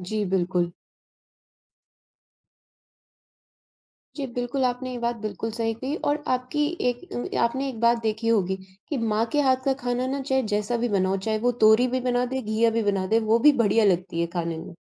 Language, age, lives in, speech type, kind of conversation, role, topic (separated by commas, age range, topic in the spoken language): Hindi, 45-49, India, conversation, unstructured, none, क्या आपको लगता है कि बाहर का खाना अक्सर गंदा होता है?